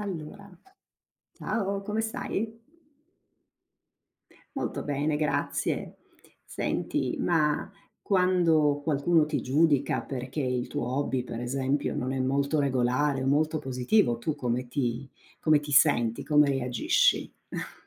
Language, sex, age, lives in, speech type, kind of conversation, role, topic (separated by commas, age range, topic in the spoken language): Italian, female, 50-54, United States, conversation, unstructured, none, Come reagisci quando qualcuno critica il modo in cui pratichi un hobby?